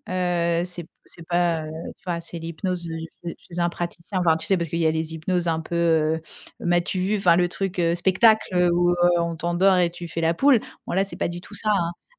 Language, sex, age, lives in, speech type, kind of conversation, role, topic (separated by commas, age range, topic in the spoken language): French, female, 45-49, France, conversation, podcast, guest, Comment trouver des personnes avec qui tu te sens vraiment à l’aise ?